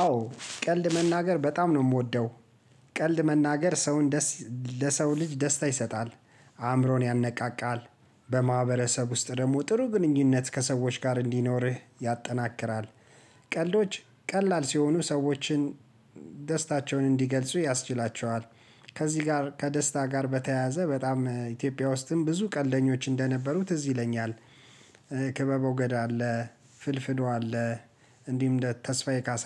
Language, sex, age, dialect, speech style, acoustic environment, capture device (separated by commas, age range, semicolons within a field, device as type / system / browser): Amharic, male, 35-44, Ethiopia - Addis Ababa, relaxed, silence, mobile / Linux / Chrome